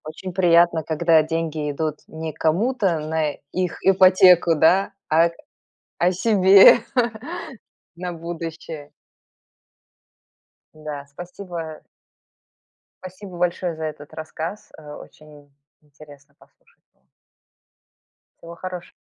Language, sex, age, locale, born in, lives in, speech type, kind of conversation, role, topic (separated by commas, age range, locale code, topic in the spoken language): Russian, female, 45-49, ru-RU, Belarus, United States, conversation, podcast, host, Как вы покупали своё первое жильё?